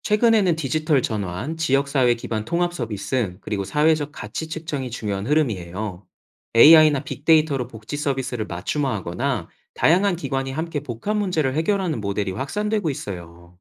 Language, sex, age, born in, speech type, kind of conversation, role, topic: Korean, male, 30-34, South Korea, read, expert-assistant, expert, 사회 복지사의 역할 확대가 사회에 가져올 수 있는 장단점은 무엇일까요?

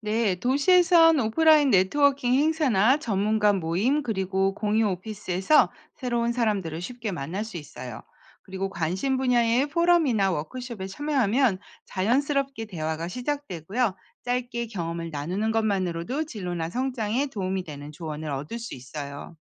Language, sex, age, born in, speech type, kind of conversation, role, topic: Korean, female, 50-54, South Korea, read, expert-assistant, expert, 도시에서 효과적으로 네트워킹을 하려면 어떻게 해야 하나요?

